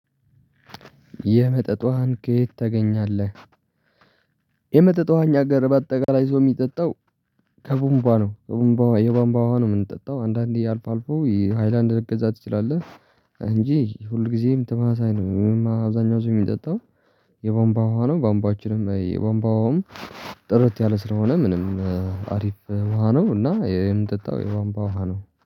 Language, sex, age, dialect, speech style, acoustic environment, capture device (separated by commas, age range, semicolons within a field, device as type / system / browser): Amharic, male, 18-24, Ethiopia - Tigray, relaxed, silence, mobile / Linux / Chrome